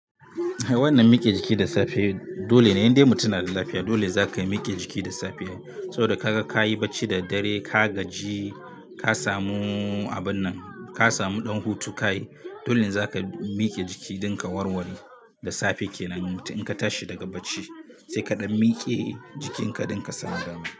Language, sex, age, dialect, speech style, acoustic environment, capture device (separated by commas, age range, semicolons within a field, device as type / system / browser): Hausa, male, 25-34, Nigeria - Katsina, happy; relaxed, nature; music, mobile / Linux / Chrome